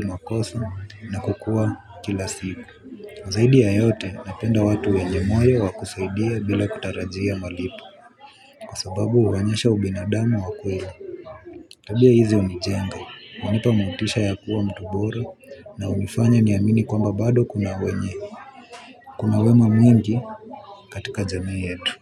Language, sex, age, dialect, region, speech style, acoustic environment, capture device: Swahili, male, 18-24, Kenya - Nairobi Sheng-influenced, Kenya, relaxed; focused, people talking; traffic, mobile / Linux / Chrome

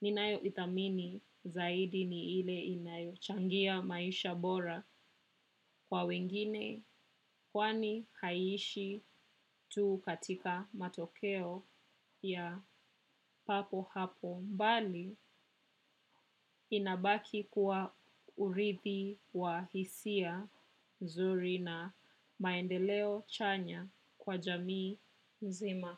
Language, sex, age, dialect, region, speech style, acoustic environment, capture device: Swahili, female, 25-34, Kenya - Nairobi Sheng-influenced, Kenya, happy, people talking, desktop / macOS / Chrome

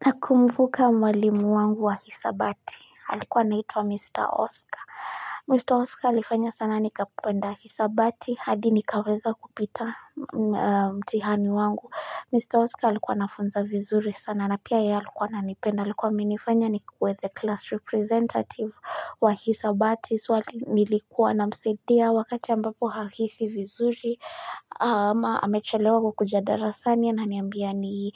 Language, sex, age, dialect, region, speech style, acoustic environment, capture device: Swahili, female, 25-34, Kenya - Nairobi Sheng-influenced, Kenya, nervous, nature, mobile / Linux / Chrome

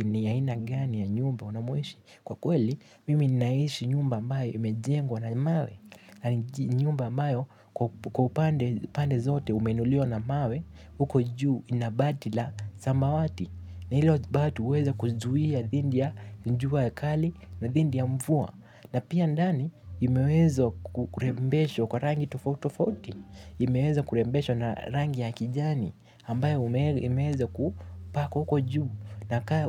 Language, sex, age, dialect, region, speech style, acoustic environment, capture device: Swahili, male, 18-24, Kenya - Mombasa, Kenya, relaxed; tired; focused, silence; nature, mobile / Linux / Chrome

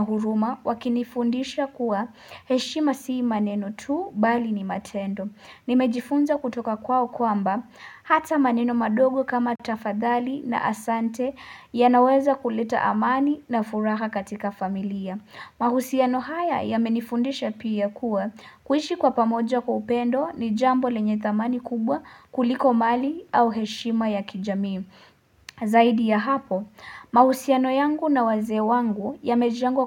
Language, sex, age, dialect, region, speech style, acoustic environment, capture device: Swahili, female, 18-24, Kenya - Nairobi Sheng-influenced, Kenya, focused, silence, mobile / Linux / Chrome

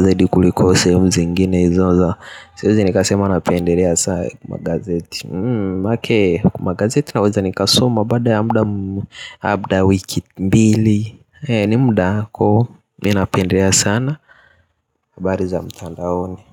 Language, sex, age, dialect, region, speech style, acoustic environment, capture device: Swahili, male, 18-24, Tanzania - Dar es Salaam, Tanzania, focused, nature, mobile / Linux / Chrome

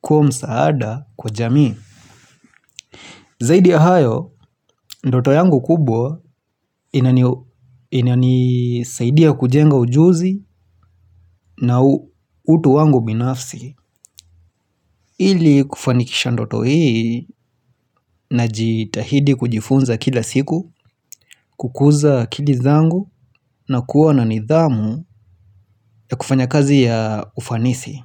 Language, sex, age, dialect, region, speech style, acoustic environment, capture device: Swahili, male, 25-34, Kenya - Nairobi Sheng-influenced, Kenya, relaxed; happy; focused, silence, mobile / Linux / Chrome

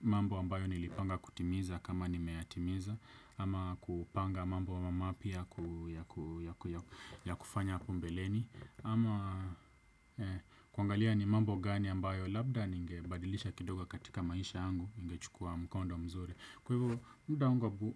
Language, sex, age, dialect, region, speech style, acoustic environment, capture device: Swahili, male, 25-34, Kenya - Nairobi Sheng-influenced, Kenya, focused, silence, desktop / Windows / Edge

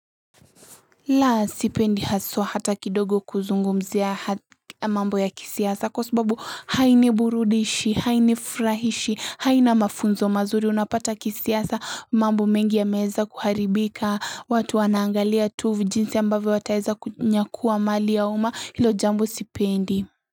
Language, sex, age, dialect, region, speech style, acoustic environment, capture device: Swahili, female, 18-24, Kenya - Mombasa, Kenya, happy, people talking, mobile / Linux / Chrome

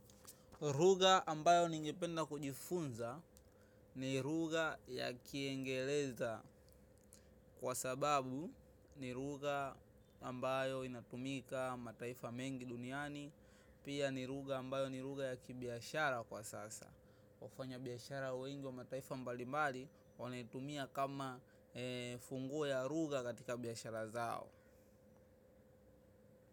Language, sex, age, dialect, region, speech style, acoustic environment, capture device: Swahili, male, 18-24, Tanzania - Dar es Salaam, Tanzania, excited; focused; happy, traffic, mobile / macOS / Safari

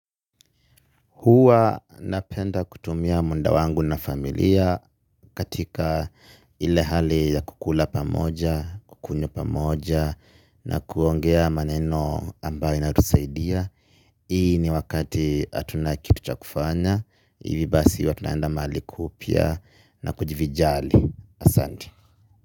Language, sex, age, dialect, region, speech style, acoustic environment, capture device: Swahili, male, 25-34, Kenya - Nairobi Sheng-influenced, Kenya, anxious, people talking, mobile / Linux / Chrome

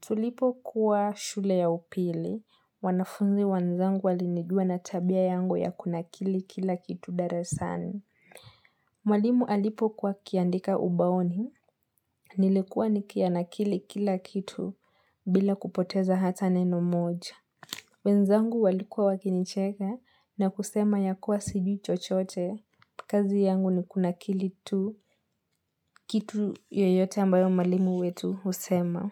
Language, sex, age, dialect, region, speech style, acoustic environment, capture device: Swahili, female, 18-24, Kenya - Mombasa, Kenya, relaxed, silence, mobile / Linux / Edge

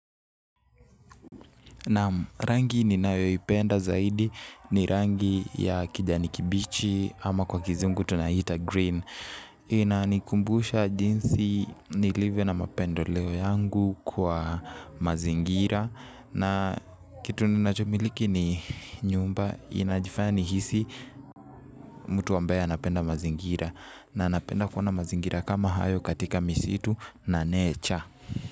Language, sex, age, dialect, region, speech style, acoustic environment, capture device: Swahili, male, 18-24, Kenya - Nairobi Sheng-influenced, Kenya, happy, traffic; people talking; music, mobile / Linux / Chrome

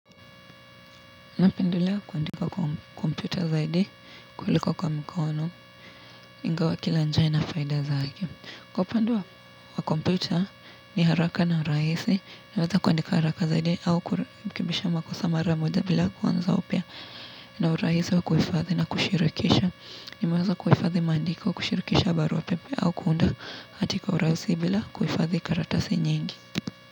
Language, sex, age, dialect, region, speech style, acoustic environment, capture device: Swahili, female, 18-24, Kenya - Nairobi Sheng-influenced, Kenya, relaxed, traffic; appliances; nature; construction, mobile / Linux / Chrome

